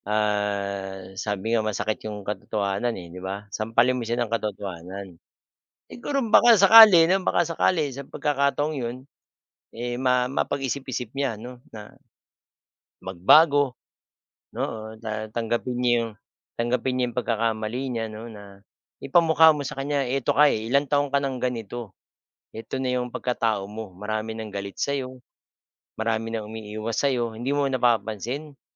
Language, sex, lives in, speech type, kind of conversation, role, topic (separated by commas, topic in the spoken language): Filipino, male, Philippines, conversation, unstructured, none, Ano ang palagay mo sa mga taong nagpapanggap para makuha ang gusto nila?